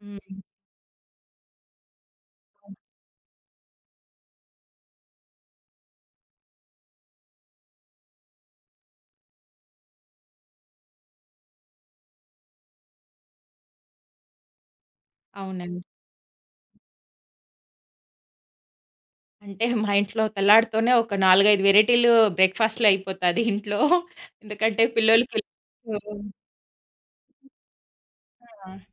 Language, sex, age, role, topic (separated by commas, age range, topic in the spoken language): Telugu, female, 30-34, guest, మీ ఇంట్లో మీ ఉదయం సాధారణంగా ఎలా మొదలవుతుంది?